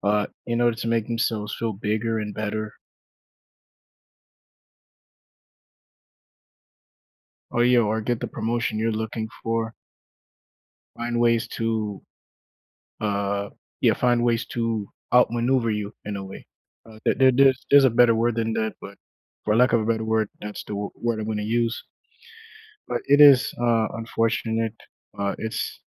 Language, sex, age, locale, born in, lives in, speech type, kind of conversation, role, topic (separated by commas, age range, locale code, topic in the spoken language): English, male, 35-39, en-US, United States, United States, conversation, unstructured, none, What is your perspective on workplace discrimination?